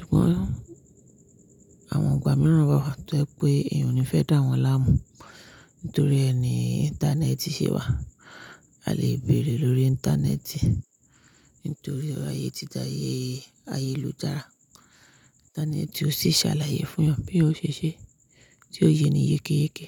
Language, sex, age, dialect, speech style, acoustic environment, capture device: Yoruba, female, 25-34, Nigeria - Nigerian Standard, focused, appliances, mobile / Linux / Chrome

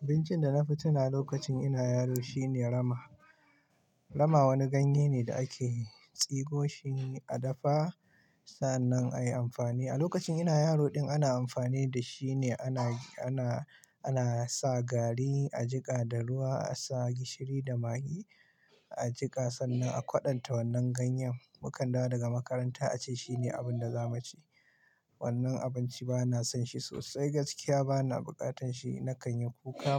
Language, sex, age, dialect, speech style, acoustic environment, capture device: Hausa, male, 25-34, Nigeria - Kano, happy, silence, mobile / Linux / Chrome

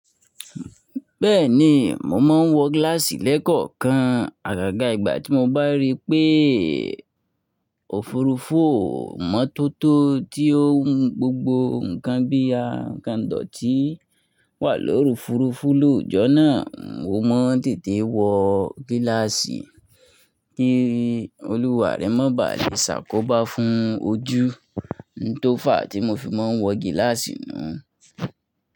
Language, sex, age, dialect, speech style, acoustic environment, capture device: Yoruba, male, 25-34, Nigeria - Nigerian Standard, focused, silence, mobile / Linux / Chrome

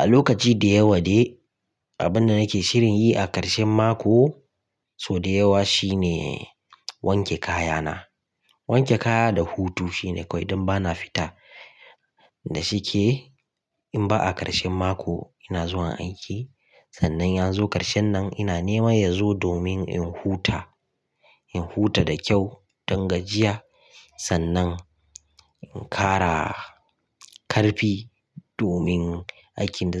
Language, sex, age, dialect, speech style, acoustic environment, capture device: Hausa, male, 25-34, Nigeria - Kano, relaxed, nature, mobile / Linux / Chrome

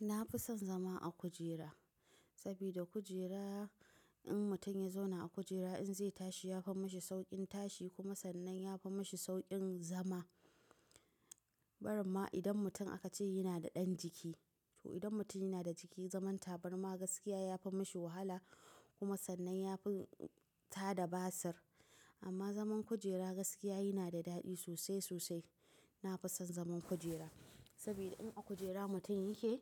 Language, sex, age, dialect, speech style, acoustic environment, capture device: Hausa, female, 25-34, Nigeria - Nigerian Standard, tired; relaxed; focused, nature; silence; appliances, mobile / macOS / Safari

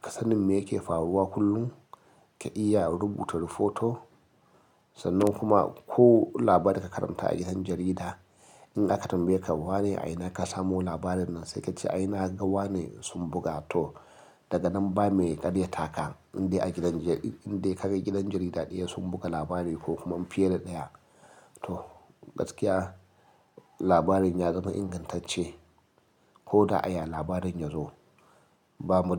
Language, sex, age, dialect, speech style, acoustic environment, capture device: Hausa, male, 35-44, Nigeria - Nigerian Standard, happy, silence, mobile / Linux / Chrome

